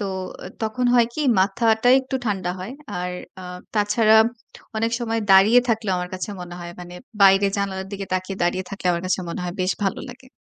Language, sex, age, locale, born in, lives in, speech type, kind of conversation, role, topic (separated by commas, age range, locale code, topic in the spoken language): Bengali, female, 25-29, bn-BD, Bangladesh, Bangladesh, conversation, podcast, guest, স্ট্রেসের প্রথম লক্ষণগুলো আপনি কীভাবে বুঝতে পারেন?